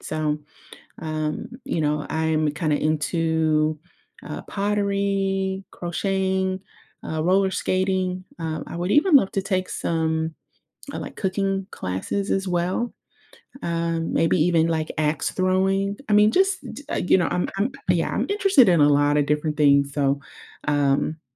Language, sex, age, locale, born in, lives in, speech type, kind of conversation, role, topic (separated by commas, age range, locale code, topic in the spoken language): English, female, 30-34, en-US, United States, United States, conversation, advice, user, How can I maintain friendships after moving away?